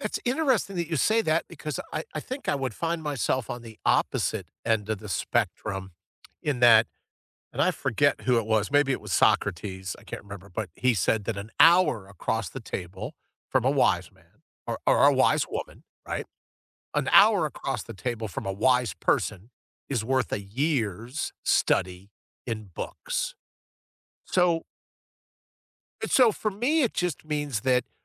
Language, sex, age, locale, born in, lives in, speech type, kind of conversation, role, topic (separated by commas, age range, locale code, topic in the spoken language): English, male, 60-64, en-US, United States, United States, conversation, unstructured, none, Why do some people find it hard to ask for help?